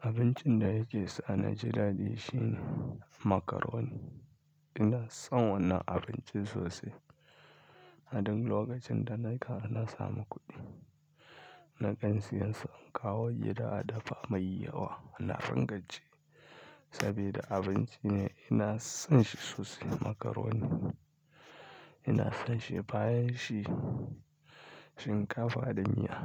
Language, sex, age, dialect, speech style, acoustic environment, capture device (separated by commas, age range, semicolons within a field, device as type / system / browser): Hausa, male, 25-34, Nigeria - Kano, happy; anxious; tired; relaxed; stressed; focused; excited; nervous, people talking; music; nature; silence; traffic; construction; appliances, mobile / Linux / Chrome